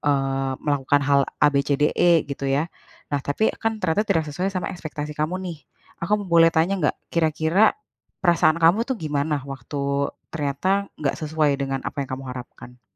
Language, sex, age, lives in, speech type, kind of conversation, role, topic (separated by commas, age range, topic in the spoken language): Indonesian, female, 30-34, Indonesia, conversation, advice, advisor, Bagaimana kamu mulai merasa kehilangan arah setelah lulus atau berganti karier?